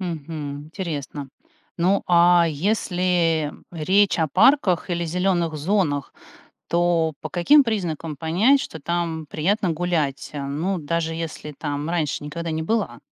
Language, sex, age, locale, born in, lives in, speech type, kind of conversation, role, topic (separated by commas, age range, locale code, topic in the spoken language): Russian, female, 45-49, ru-RU, Russia, United States, read, expert-assistant, asker, Какие привычки помогают лучше понимать разные районы города и их особенности?